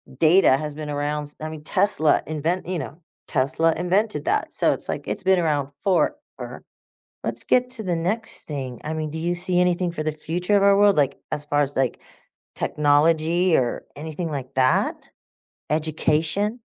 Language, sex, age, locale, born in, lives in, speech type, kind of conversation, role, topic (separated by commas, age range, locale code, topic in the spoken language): English, female, 60-64, en-US, United States, United States, conversation, podcast, host, What inspires your vision for a better future?